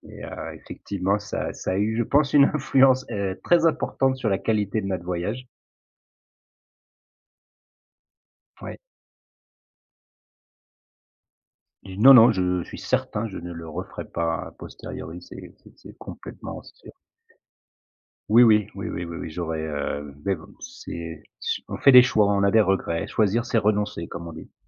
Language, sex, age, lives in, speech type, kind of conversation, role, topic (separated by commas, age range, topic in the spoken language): French, male, 40-44, France, conversation, podcast, guest, Peux-tu me raconter une grosse galère de voyage ?